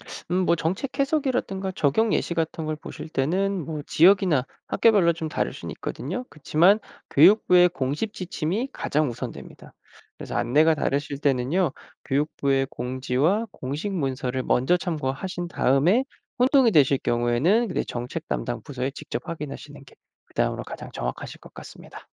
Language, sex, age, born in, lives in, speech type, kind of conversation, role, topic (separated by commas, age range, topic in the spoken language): Korean, male, 25-29, South Korea, United States, read, expert-assistant, expert, 요즘 우리나라 교육 정책에 대해 믿고 참고할 만한 정보는 어디서 찾아볼 수 있을까요?